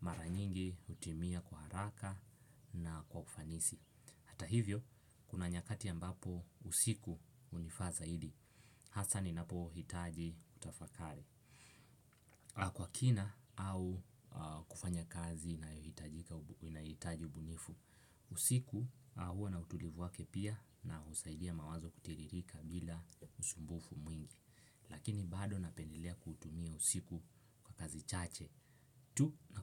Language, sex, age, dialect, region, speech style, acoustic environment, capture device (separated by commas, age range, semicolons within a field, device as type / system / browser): Swahili, male, 18-24, Kenya - Mombasa, Kenya, excited; focused, nature, desktop / Windows / Chrome